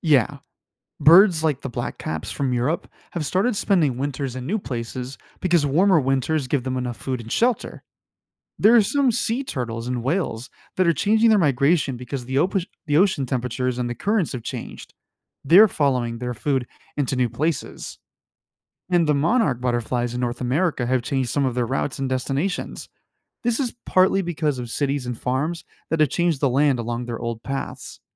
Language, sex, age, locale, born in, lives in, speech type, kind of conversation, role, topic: English, male, 25-29, en-US, United States, United States, read, expert-assistant, expert, Why do some animals migrate while others stay put?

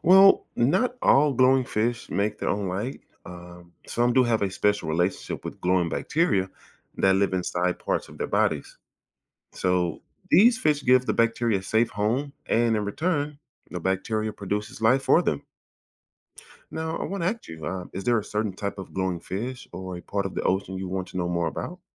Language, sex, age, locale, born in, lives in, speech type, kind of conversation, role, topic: English, male, 40-44, en-US, United States, United States, read, expert-assistant, expert, Why do some fish glow in the dark?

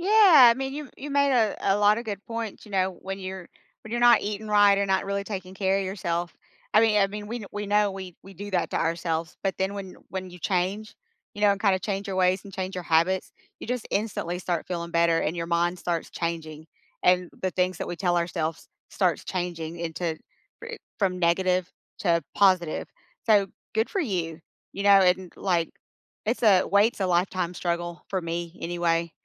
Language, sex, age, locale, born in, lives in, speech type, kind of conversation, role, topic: English, female, 50-54, en-US, United States, United States, conversation, unstructured, none, What is a tiny habit that improved your week?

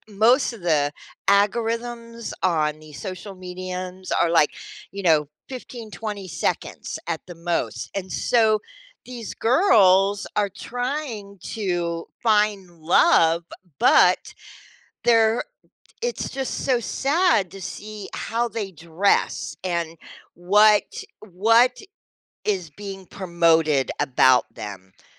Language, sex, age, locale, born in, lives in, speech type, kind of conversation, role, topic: English, female, 60-64, en-US, United States, United States, conversation, podcast, guest, How does spending time on social media influence the way we view ourselves and others?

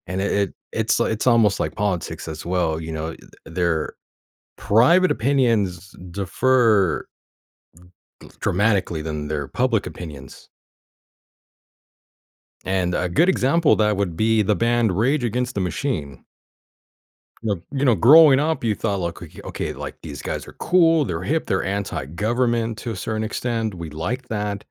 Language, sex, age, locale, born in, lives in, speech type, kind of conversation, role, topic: English, male, 35-39, en-US, United States, United States, conversation, podcast, guest, In what ways has technology changed how we find and enjoy new music?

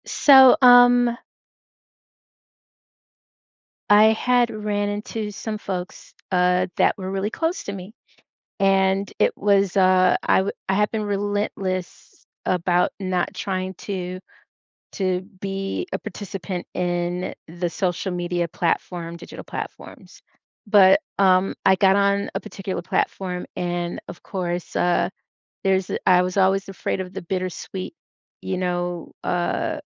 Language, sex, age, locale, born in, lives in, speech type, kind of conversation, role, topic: English, female, 55-59, en-US, United States, United States, conversation, advice, user, How can I reconnect with an old friend after a surprise reunion?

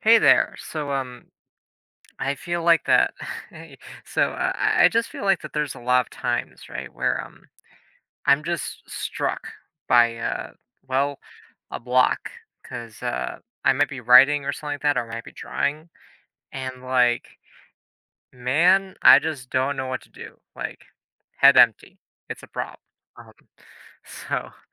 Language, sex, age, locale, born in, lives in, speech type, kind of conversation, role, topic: English, male, 20-24, en-US, United States, United States, conversation, advice, user, How can I overcome a creative block and regain my momentum?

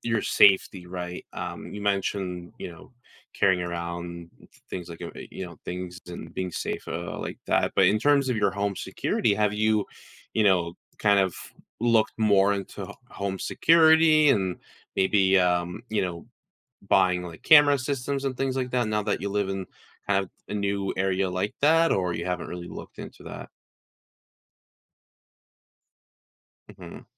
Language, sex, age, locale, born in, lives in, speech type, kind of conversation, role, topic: English, male, 20-24, en-US, United States, United States, conversation, advice, advisor, How do I meet new people and feel at home after moving to a new city?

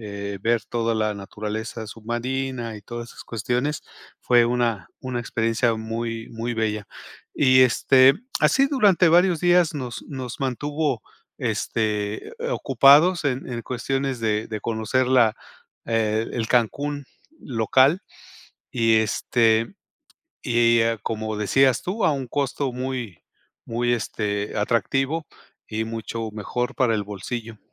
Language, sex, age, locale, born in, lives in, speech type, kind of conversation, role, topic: Spanish, male, 55-59, es-MX, Mexico, Mexico, conversation, podcast, guest, ¿Qué encuentro con una persona local te marcó de verdad?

